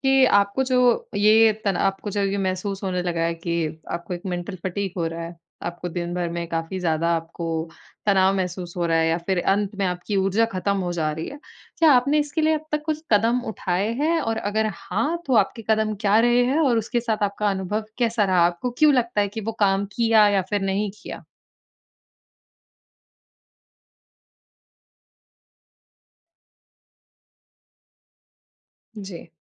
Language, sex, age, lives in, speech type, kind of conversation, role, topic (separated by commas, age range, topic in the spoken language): Hindi, female, 20-24, India, conversation, advice, advisor, ब्रेक लेकर मैं अपनी ऊर्जा और एकाग्रता कैसे सुधार सकता हूँ?